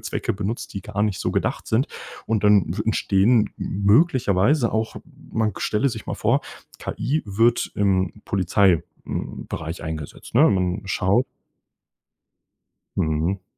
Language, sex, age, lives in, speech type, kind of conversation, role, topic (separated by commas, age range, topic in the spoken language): German, male, 20-24, Germany, conversation, podcast, guest, Wie wichtig ist dir Datenschutz online?